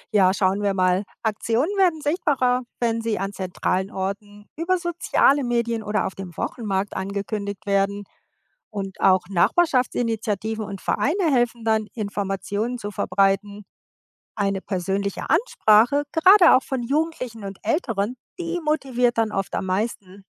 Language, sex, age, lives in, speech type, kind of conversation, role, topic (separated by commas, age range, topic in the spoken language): German, female, 55-59, United States, read, expert-assistant, expert, Wie plane ich ein Stadtviertel, das für alle Altersgruppen lebenswert ist?